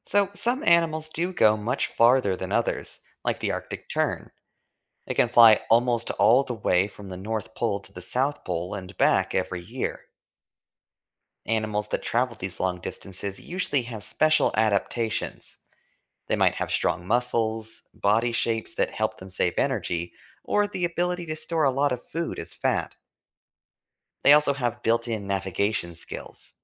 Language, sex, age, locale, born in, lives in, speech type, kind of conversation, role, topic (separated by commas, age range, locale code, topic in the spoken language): English, male, 25-29, en-US, United States, United States, read, expert-assistant, expert, Why do some animals migrate long distances annually?